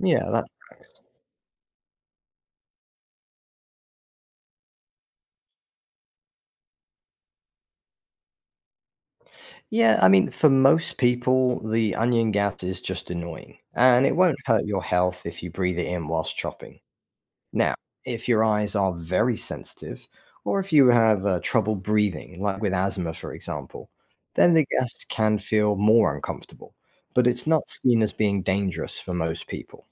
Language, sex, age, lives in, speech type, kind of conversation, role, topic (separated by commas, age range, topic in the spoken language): English, male, 40-44, United States, read, expert-assistant, expert, Why do onions cause tears when cut?